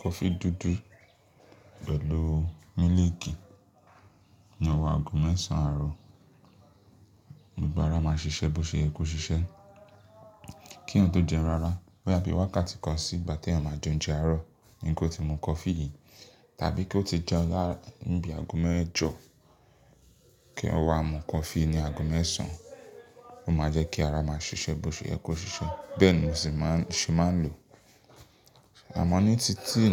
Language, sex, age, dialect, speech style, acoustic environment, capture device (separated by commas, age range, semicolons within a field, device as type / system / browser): Yoruba, male, 25-34, Nigeria - Lagos Yoruba, relaxed, people talking, mobile / Linux / Chrome